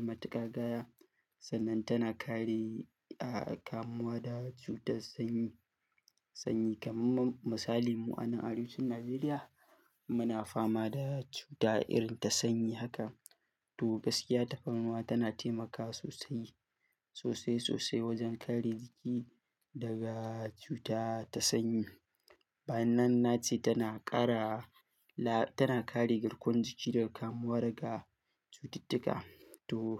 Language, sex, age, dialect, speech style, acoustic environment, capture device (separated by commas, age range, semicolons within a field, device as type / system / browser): Hausa, male, 18-24, Nigeria - Katsina, happy; focused; stressed; excited; nervous; relaxed; tired; anxious, silence; construction; traffic; nature; music; people talking; appliances, mobile / Linux / Chrome